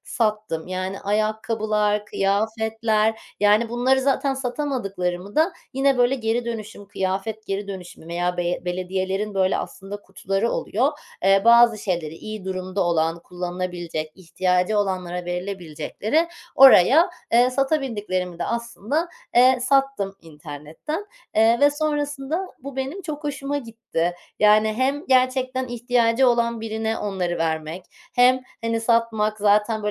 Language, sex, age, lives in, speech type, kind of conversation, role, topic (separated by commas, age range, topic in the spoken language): Turkish, female, 30-34, Netherlands, conversation, podcast, guest, Atık azaltmak için günlük hayatında hangi küçük alışkanlıkları benimsedin?